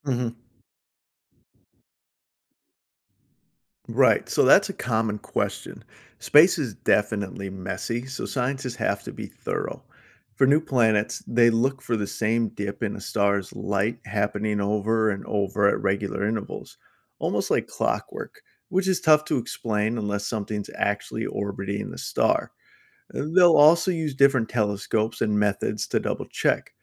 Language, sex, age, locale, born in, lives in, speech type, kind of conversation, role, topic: English, male, 40-44, en-US, United States, United States, read, expert-assistant, expert, How can you keep up with astrophysics discoveries efficiently?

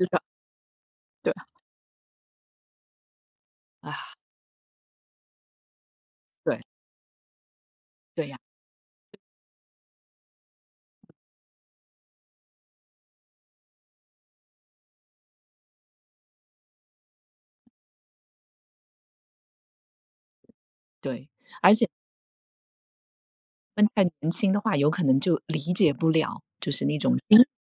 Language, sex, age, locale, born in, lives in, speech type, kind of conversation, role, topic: Chinese, female, 45-49, zh-TW, China, United States, conversation, unstructured, none, 传统乐器在流行音乐中如何焕发新的生机？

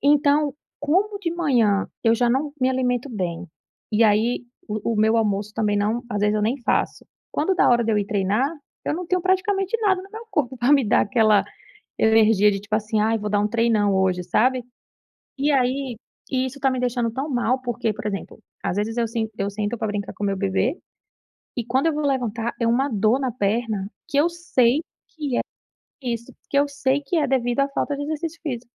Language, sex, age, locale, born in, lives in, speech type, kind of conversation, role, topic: Portuguese, female, 30-34, pt-BR, Brazil, United States, conversation, advice, user, Como posso me manter motivado e consistente nos meus hobbies?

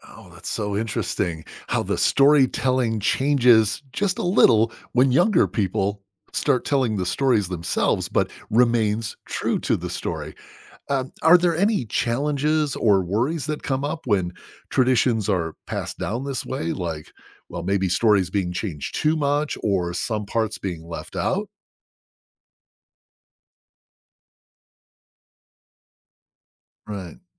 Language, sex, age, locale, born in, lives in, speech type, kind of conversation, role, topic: English, male, 50-54, en-US, United States, United States, read, expert-assistant, asker, How do cultures use storytelling to transmit knowledge?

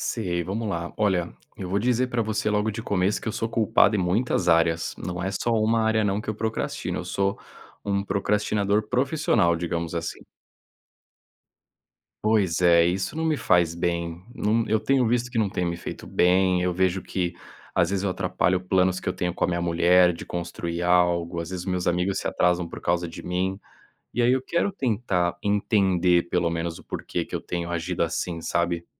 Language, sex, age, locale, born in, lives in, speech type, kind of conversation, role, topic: Portuguese, male, 30-34, pt-BR, Brazil, Spain, conversation, advice, user, Como a procrastinação constante está impedindo você de começar metas importantes?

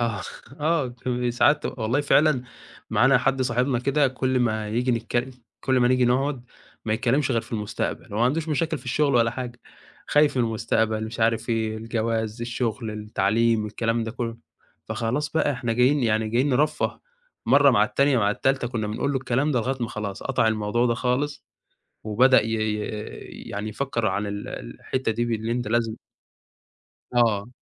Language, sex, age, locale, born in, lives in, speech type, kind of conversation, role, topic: Arabic, male, 20-24, ar-EG, Egypt, Egypt, conversation, podcast, guest, إزاي بترجع نشاطك بعد أسبوع مُرهِق؟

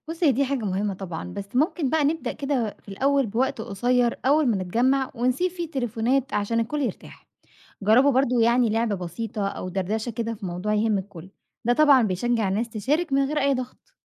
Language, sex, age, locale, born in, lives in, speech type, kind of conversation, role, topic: Arabic, female, 25-29, ar-EG, Egypt, Egypt, read, expert-assistant, expert, إزاي ممكن ندمج العادات الاجتماعية القديمة مع التكنولوجيا الجديدة في حياتنا اليومية؟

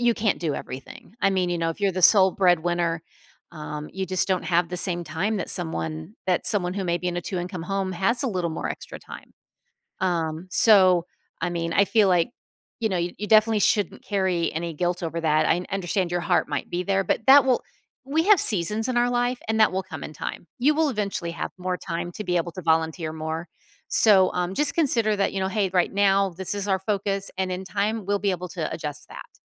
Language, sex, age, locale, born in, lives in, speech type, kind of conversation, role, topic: English, female, 50-54, en-US, United States, United States, conversation, advice, advisor, How can I balance work and personal interests?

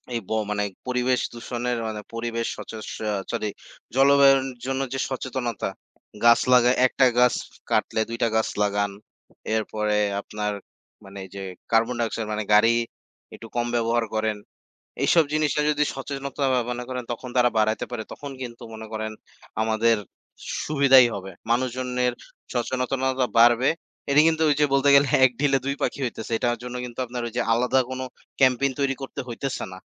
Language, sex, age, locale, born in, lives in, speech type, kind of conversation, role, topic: Bengali, male, 20-24, bn-BD, Bangladesh, Bangladesh, conversation, unstructured, none, জলবায়ু পরিবর্তন সম্পর্কে সাধারণ মানুষের সচেতনতা কতটা?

